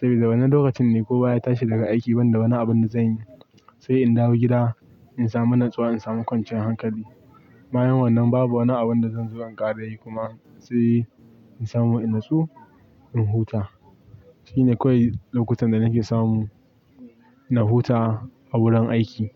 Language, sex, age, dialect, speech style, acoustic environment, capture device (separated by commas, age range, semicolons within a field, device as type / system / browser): Hausa, male, 18-24, Nigeria - Pidgin-influenced, relaxed; focused; excited, silence, mobile / Linux / Chrome